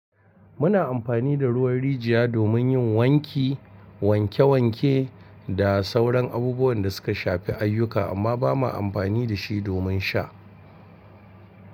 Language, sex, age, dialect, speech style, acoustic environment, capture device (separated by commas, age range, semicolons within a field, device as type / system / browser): Hausa, male, 45-54, Nigeria - Kano, focused, appliances; people talking, mobile / Linux / Chrome